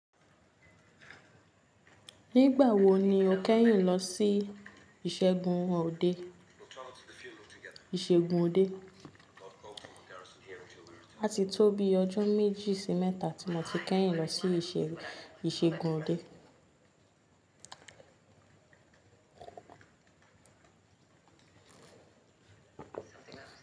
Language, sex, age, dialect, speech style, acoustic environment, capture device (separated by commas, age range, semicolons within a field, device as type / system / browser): Yoruba, female, 25-34, Nigeria - Lagos Yoruba, focused, silence, mobile / Linux / Chrome